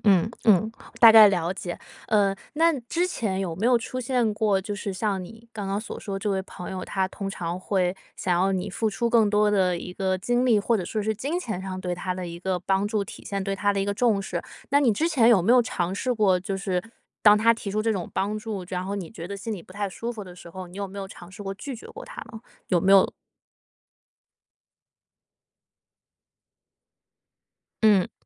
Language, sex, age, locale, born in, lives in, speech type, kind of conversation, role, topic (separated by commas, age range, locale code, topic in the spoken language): Chinese, female, 25-29, zh-CN, China, United States, conversation, advice, advisor, 我总是被朋友求助甚至感觉被利用，常常因此很疲惫，该怎么设定界限？